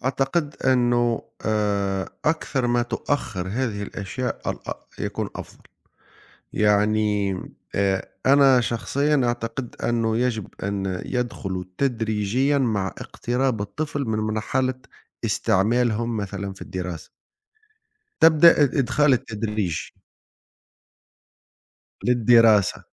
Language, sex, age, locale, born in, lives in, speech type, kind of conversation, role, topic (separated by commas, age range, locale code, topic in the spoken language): Arabic, male, 40-44, ar-TN, Tunisia, Italy, conversation, unstructured, none, إزاي الخلافات العائلية بتأثر على علاقاتنا اليومية؟